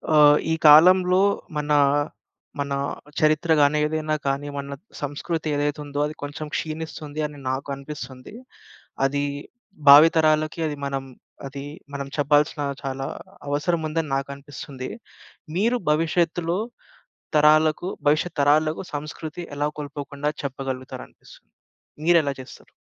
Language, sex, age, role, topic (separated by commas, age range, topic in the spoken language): Telugu, male, 25-29, host, భవిష్యత్తు తరాలకు మన సంస్కృతి కోల్పోకుండా ఎలా బదిలీ చేయగలం?